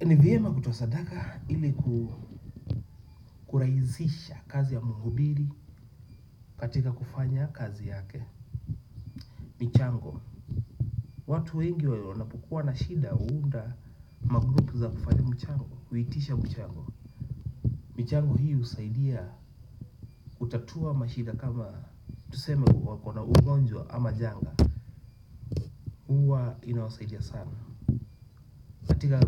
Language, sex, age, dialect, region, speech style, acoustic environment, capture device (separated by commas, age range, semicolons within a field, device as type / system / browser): Swahili, male, 25-34, Kenya - Nairobi Sheng-influenced, Kenya, anxious, nature, desktop / Windows / Chrome